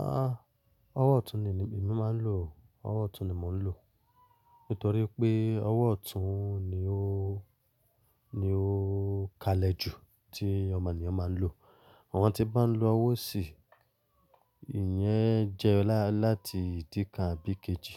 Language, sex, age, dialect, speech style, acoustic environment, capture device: Yoruba, male, 25-34, Nigeria - Lagos Yoruba, excited; focused, silence, mobile / Linux / Chrome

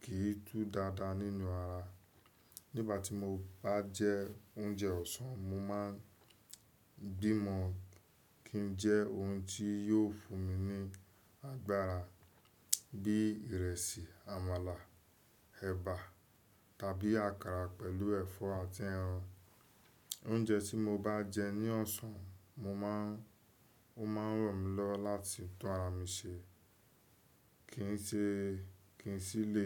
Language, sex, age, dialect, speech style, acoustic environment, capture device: Yoruba, male, 25-34, Nigeria - Lagos Yoruba, focused, nature, desktop / Windows / Chrome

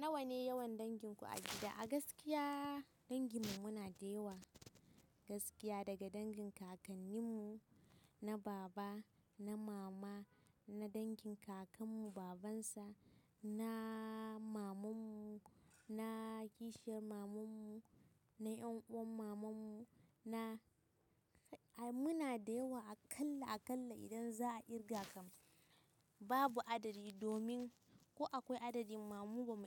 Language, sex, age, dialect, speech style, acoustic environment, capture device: Hausa, female, 18-24, Nigeria - Kano, happy, nature, mobile / Linux / Chrome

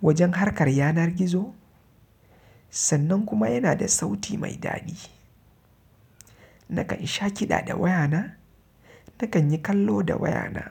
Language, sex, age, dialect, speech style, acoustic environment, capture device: Hausa, male, 25-34, Nigeria - Kano, relaxed; happy, silence, mobile / Linux / Chrome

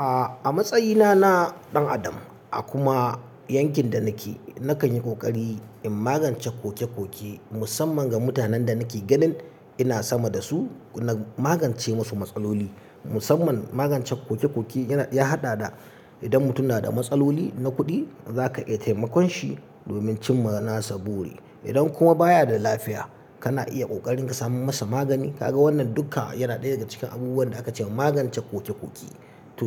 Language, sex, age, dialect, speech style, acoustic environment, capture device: Hausa, male, 35-44, Nigeria - Katsina, happy; excited; focused; relaxed, silence, mobile / Linux / Chrome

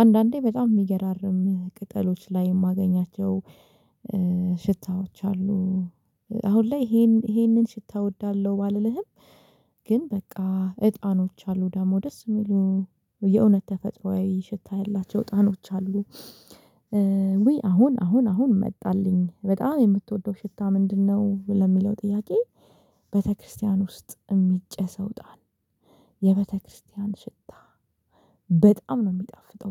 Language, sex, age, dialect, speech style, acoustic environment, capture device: Amharic, female, 25-34, Ethiopia - Addis Ababa, relaxed; focused, silence, mobile / Linux / Chrome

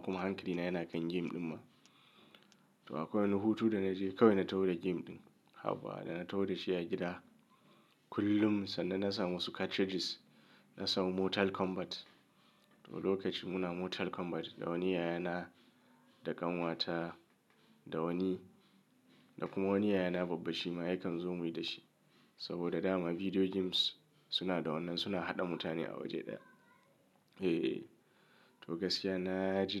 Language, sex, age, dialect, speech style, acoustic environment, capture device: Hausa, male, 35-44, Nigeria - Kano, nervous; excited; stressed; anxious, nature; silence, mobile / Linux / Chrome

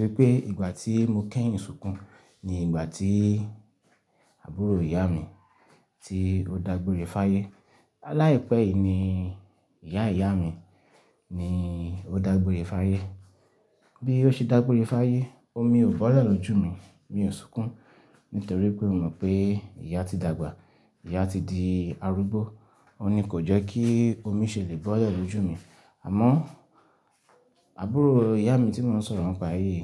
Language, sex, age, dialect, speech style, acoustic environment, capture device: Yoruba, male, 25-34, Nigeria - Ibadan, relaxed; excited; focused; happy, nature; silence, desktop / Linux / Chrome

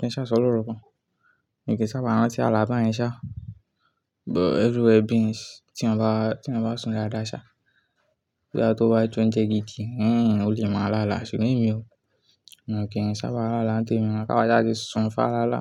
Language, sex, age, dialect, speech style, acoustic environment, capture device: Yoruba, male, 18-24, Nigeria - Ibadan, focused; relaxed, nature, desktop / Linux / Chrome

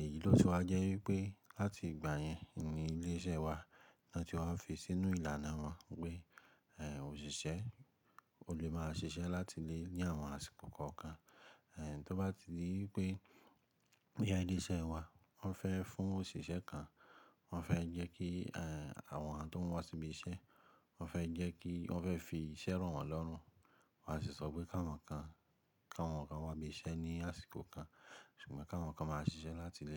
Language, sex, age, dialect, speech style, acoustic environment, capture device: Yoruba, male, 25-34, Nigeria - Ibadan, relaxed; happy, nature; silence, mobile / Linux / Chrome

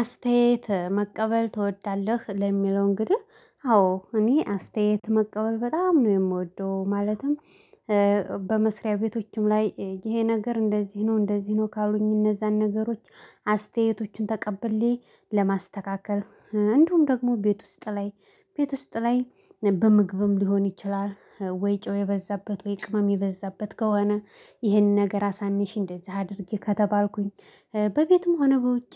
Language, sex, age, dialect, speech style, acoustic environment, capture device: Amharic, female, 25-34, Ethiopia - Addis Ababa, excited; happy, silence, mobile / Linux / Chrome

